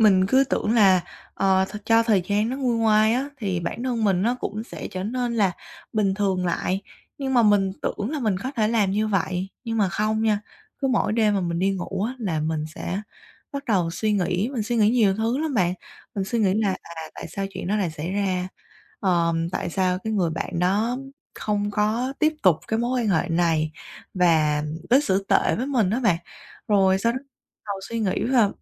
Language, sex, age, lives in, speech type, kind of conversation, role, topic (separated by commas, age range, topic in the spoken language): Vietnamese, female, 25-29, Vietnam, conversation, advice, user, Làm sao để khôi phục lòng tin vào bản thân sau khi chia tay và mất kỳ vọng?